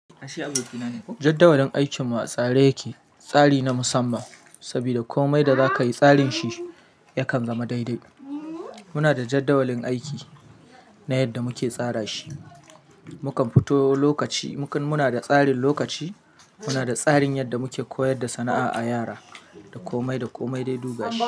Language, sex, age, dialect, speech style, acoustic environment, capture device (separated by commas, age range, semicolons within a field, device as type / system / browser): Hausa, male, 35-44, Nigeria - Kano, happy, silence, mobile / Linux / Chrome